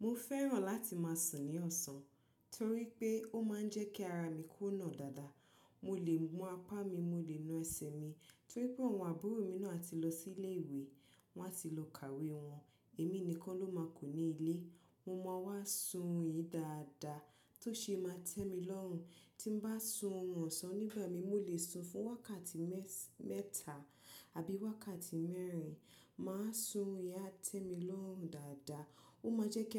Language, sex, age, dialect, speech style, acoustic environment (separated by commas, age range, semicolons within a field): Yoruba, female, 25-34, Nigeria - Nigerian Standard, relaxed, people talking